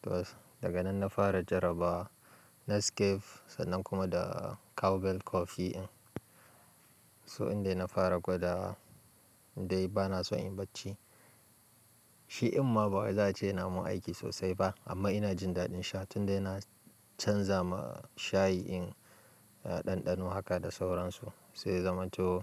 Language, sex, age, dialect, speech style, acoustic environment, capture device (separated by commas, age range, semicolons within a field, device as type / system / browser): Hausa, male, 25-34, Nigeria - Kano, relaxed; focused, silence, mobile / Linux / Chrome